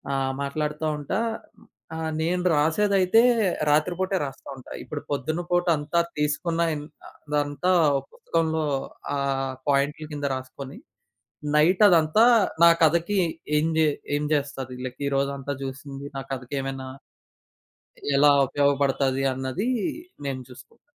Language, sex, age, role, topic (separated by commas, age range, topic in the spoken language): Telugu, male, 20-24, guest, సాధారణ వ్యక్తి సృజనాత్మకతను పెంచుకోవడానికి మీరు ఏ చిట్కాలు సూచిస్తారు?